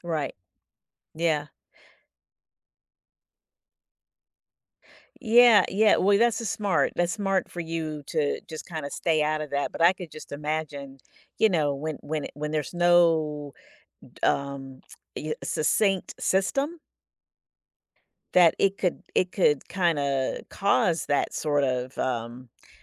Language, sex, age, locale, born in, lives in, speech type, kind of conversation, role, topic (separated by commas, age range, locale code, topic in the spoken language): English, female, 55-59, en-US, United States, United States, conversation, podcast, host, What important lesson did you only learn after starting your career?